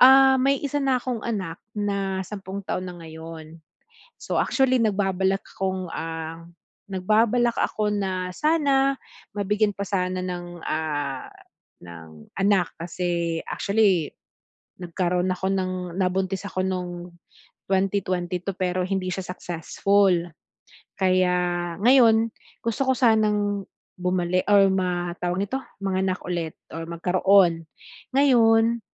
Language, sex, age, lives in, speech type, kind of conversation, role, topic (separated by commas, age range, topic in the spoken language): Filipino, female, 40-44, Philippines, conversation, advice, user, Paano ko mapapatatag ang aking kumpiyansa sa sarili at emosyonal na katatagan sa aking relasyon?